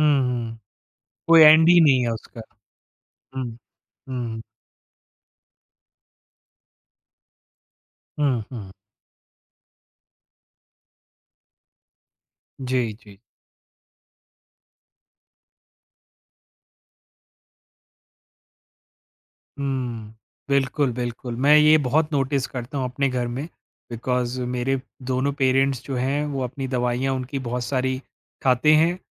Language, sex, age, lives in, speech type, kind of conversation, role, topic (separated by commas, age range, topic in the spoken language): Hindi, male, 40-44, India, conversation, podcast, host, Decluttering start karte waqt sabse pehla step kya hota hai?